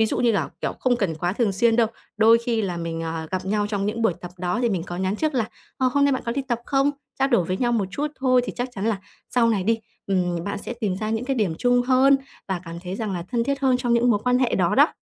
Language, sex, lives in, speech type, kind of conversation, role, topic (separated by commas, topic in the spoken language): Vietnamese, female, Vietnam, conversation, advice, advisor, Làm sao để bắt chuyện và kết nối với người lạ một cách tự nhiên?